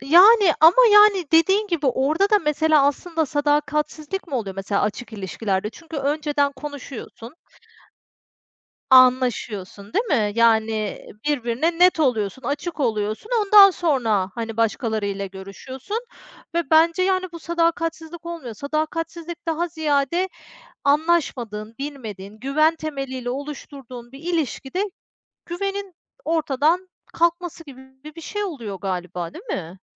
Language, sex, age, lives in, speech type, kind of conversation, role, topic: Turkish, female, 35-39, Spain, conversation, unstructured, none, Sadakat bir ilişkide senin için ne kadar önemlidir?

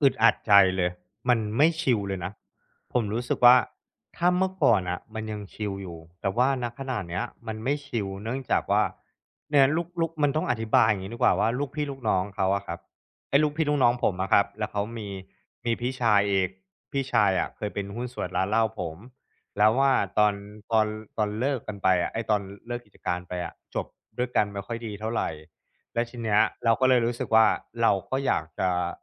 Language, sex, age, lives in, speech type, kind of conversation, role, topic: Thai, male, 35-39, Thailand, conversation, advice, user, ฉันควรจัดการความคาดหวังทางสังคมในงานเลี้ยงอย่างไร?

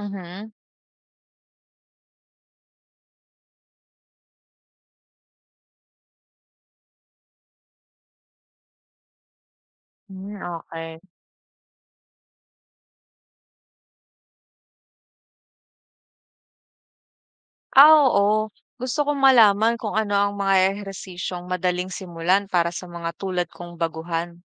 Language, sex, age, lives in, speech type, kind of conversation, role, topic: Filipino, female, 25-29, Philippines, read, expert-assistant, asker, Ano ang mga benepisyo ng regular na pag-eehersisyo sa kalusugan?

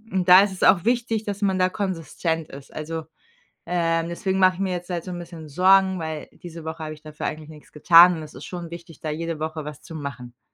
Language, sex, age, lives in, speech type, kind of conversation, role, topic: German, female, 30-34, Germany, conversation, advice, user, Wie kann ich trotz Arbeit und Haushalt Zeit für Selbstfürsorge finden?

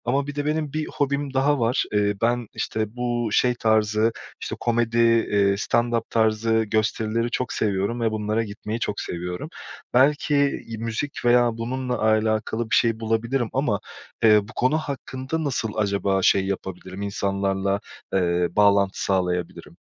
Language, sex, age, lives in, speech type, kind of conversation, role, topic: Turkish, male, 30-34, Portugal, conversation, advice, user, Taşındıktan sonra yaşadığınız yoğun yalnızlık ve sosyal bağ eksikliğiyle nasıl başa çıkıyorsunuz?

